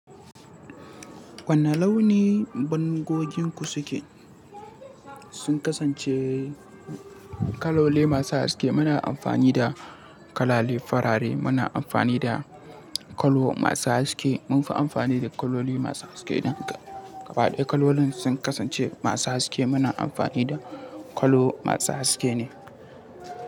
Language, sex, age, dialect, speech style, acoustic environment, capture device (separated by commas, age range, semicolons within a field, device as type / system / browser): Hausa, male, 18-24, Nigeria - Katsina, happy, people talking, mobile / Linux / Chrome